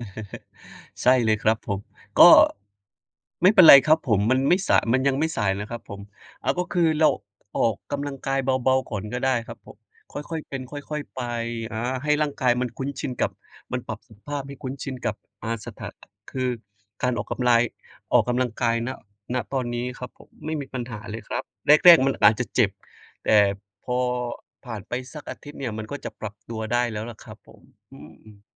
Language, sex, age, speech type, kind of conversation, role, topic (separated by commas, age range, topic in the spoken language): Thai, male, 30-34, conversation, unstructured, none, การไม่ใส่ใจสุขภาพจะทำให้ชีวิตเปลี่ยนไปมากแค่ไหน?